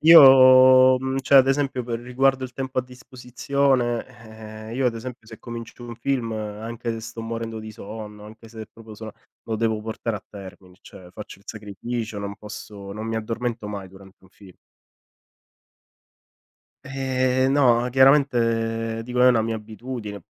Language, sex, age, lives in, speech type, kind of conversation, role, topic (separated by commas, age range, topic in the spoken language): Italian, male, 30-34, Italy, conversation, unstructured, none, Ti piace di più guardare film o serie TV?